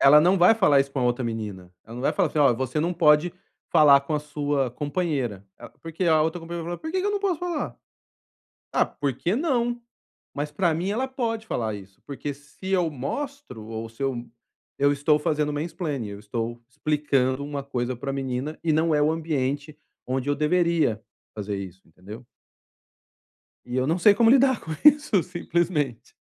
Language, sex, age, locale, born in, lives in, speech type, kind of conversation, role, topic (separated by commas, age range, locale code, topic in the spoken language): Portuguese, male, 45-49, pt-BR, Brazil, Spain, conversation, advice, user, Como posso lidar melhor com críticas e rejeições no dia a dia?